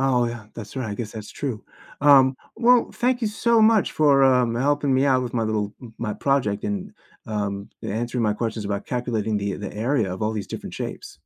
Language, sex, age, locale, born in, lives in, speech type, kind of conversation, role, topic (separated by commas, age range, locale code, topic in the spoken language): English, male, 55-59, en-US, United States, United States, read, expert-assistant, asker, How do you calculate the area of a square?